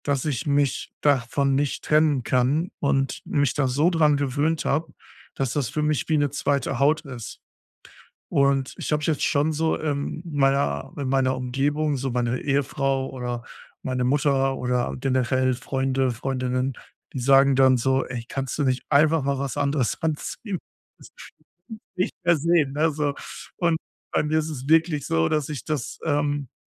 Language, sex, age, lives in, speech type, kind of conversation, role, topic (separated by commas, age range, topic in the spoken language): German, male, 35-39, Germany, conversation, advice, user, Warum finde ich keine Kleidung, die mir gut passt und in der ich mich wohlfühle?